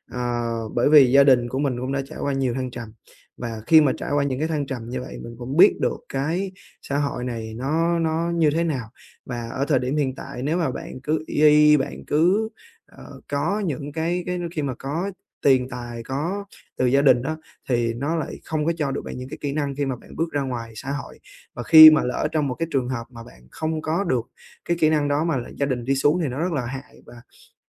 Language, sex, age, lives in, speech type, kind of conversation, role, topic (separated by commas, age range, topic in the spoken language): Vietnamese, male, 20-24, Vietnam, conversation, advice, user, Làm sao để giữ tình bạn khi có sự khác biệt về quan điểm?